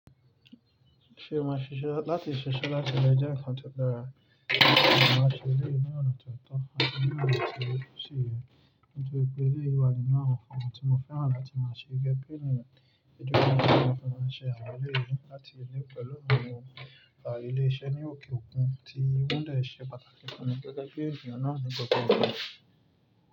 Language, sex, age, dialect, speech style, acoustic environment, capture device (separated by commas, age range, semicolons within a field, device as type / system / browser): Yoruba, male, 25-34, Nigeria - Nigerian Standard, focused, nature, mobile / Linux / Chrome